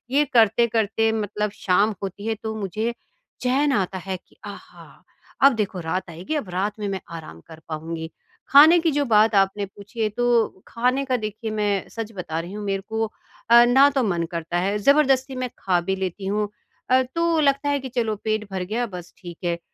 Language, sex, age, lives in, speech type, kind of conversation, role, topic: Hindi, female, 50-54, India, conversation, advice, user, थकान और बर्नआउट में फर्क कैसे पहचानूँ?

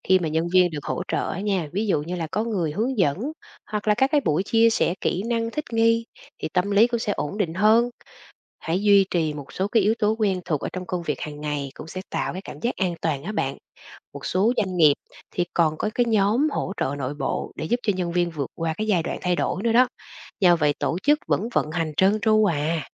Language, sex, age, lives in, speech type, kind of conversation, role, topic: Vietnamese, female, 40-44, Vietnam, read, expert-assistant, expert, Làm thế nào để các phòng ban cùng thích ứng khi tổ chức thay đổi đột ngột?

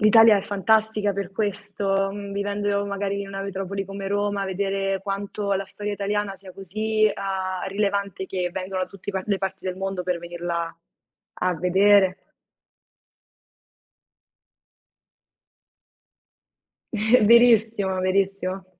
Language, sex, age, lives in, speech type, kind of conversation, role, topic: Italian, female, 20-24, Italy, conversation, unstructured, none, Che cosa ti rende orgoglioso del tuo paese?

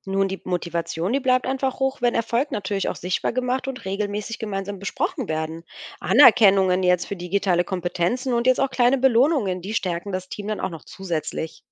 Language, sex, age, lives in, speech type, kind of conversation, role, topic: German, female, 35-39, Germany, read, expert-assistant, expert, Welche Vor- und Nachteile bringt der Einsatz von Technik im Pflegealltag für Pflegekräfte und Patienten?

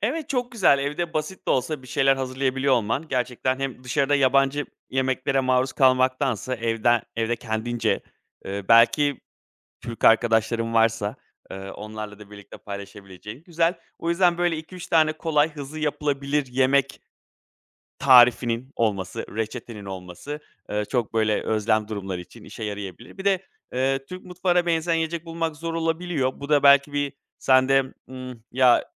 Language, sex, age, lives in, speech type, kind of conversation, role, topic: Turkish, male, 35-39, Greece, conversation, advice, advisor, Yabancı bir mutfakla karşılaştığınızda, ev özlemi ve yeni yiyeceklere uyum zorluğunu nasıl yaşıyorsunuz?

